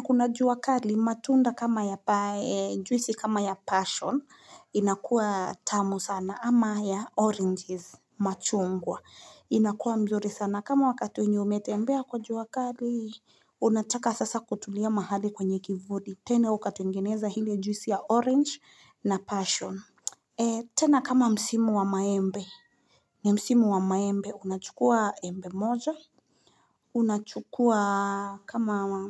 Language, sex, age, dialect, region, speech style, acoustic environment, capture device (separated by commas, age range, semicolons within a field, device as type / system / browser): Swahili, female, 25-34, Kenya - Nairobi Sheng-influenced, Kenya, relaxed, silence, mobile / Linux / Chrome